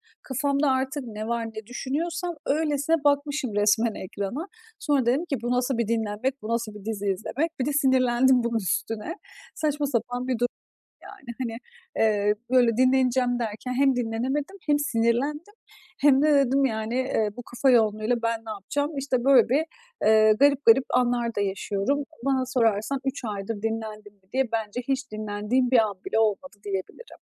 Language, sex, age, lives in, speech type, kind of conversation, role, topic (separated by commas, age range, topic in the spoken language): Turkish, female, 30-34, Estonia, conversation, advice, user, Evde rahatlayıp dinlenmekte zorlanıyorsanız ne yapabilirsiniz?